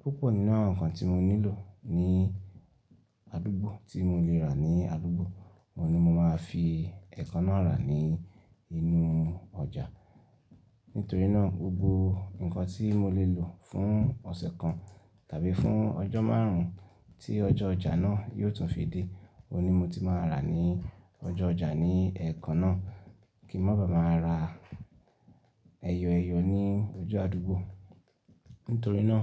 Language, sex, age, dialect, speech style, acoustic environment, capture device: Yoruba, male, 25-34, Nigeria - Ibadan, relaxed; happy; focused; excited, silence; nature, desktop / Linux / Chrome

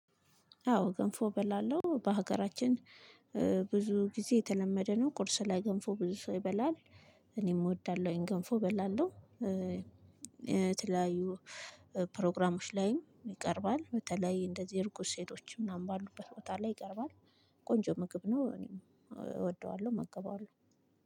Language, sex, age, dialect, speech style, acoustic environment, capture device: Amharic, female, 25-34, Ethiopia - Addis Ababa, excited; happy, silence, mobile / Linux / Chrome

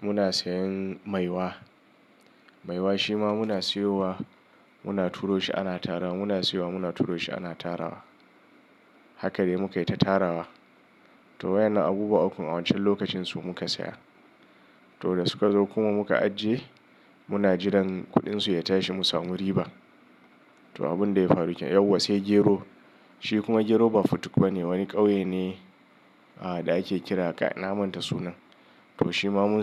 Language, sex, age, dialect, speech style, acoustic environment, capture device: Hausa, male, 35-44, Nigeria - Kano, anxious; nervous; excited; stressed, nature; silence, mobile / Linux / Chrome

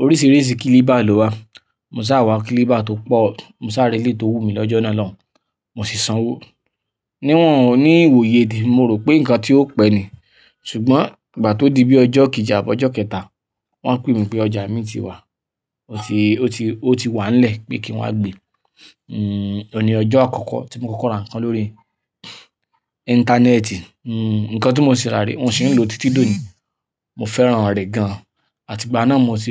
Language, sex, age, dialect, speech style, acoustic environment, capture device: Yoruba, male, 25-34, Nigeria - Ibadan, happy; anxious, nature, mobile / Linux / Chrome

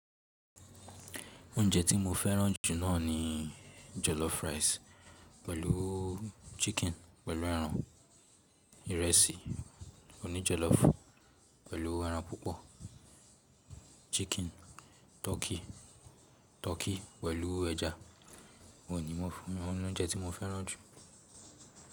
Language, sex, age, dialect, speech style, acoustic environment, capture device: Yoruba, male, 18-24, Nigeria - Lagos Yoruba, relaxed, silence; people talking, mobile / Linux / Chrome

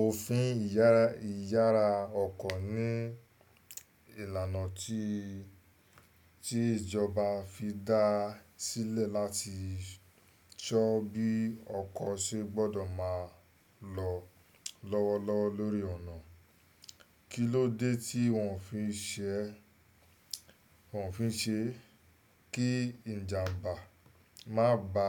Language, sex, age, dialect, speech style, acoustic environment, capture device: Yoruba, male, 25-34, Nigeria - Lagos Yoruba, excited, nature, desktop / Windows / Chrome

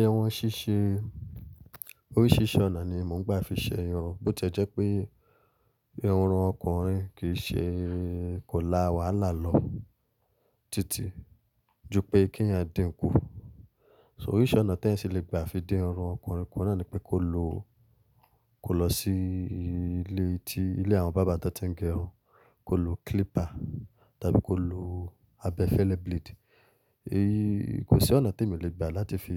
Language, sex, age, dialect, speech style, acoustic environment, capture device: Yoruba, male, 25-34, Nigeria - Lagos Yoruba, excited; focused, silence, mobile / Linux / Chrome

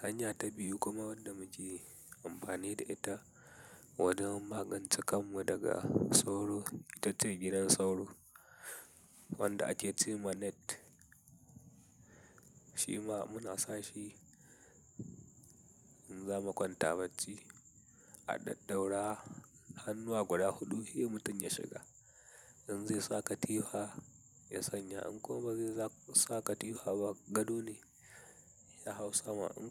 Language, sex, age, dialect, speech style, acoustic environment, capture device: Hausa, male, 18-24, Nigeria - Katsina, happy, silence, mobile / Linux / Chrome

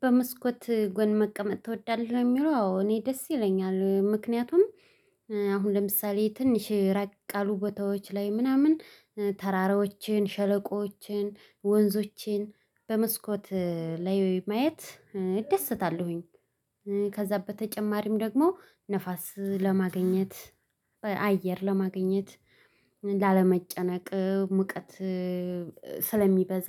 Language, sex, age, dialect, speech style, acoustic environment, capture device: Amharic, female, 25-34, Ethiopia - Addis Ababa, happy; excited, silence, mobile / Linux / Chrome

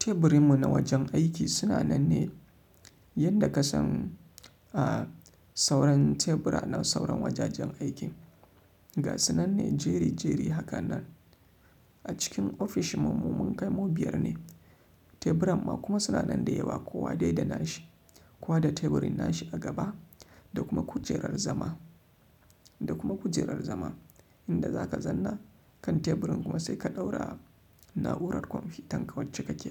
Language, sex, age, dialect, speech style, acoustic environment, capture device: Hausa, male, 25-34, Nigeria - Kano, relaxed; happy, silence, mobile / Linux / Chrome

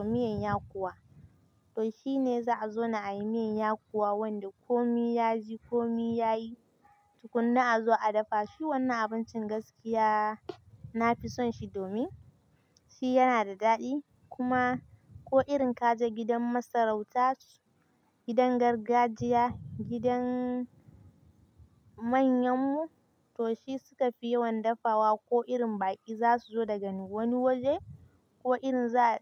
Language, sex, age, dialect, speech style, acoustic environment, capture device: Hausa, female, 18-24, Nigeria - Kano, nervous, nature, mobile / Linux / Chrome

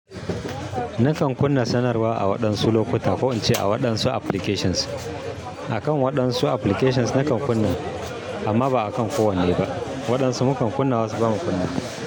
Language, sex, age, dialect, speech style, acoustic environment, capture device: Hausa, male, 35-44, Nigeria - Kano, happy, people talking, mobile / Linux / Chrome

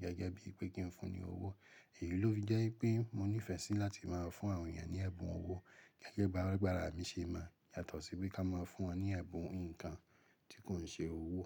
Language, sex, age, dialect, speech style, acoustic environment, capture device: Yoruba, male, 25-34, Nigeria - Ibadan, relaxed, nature, mobile / Linux / Chrome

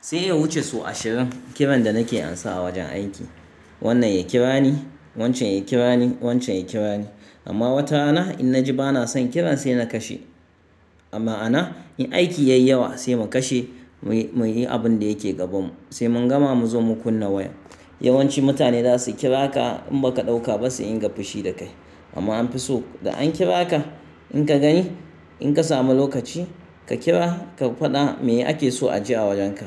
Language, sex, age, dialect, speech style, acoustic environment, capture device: Hausa, male, 35-44, Nigeria - Kano, happy, nature, mobile / Linux / Chrome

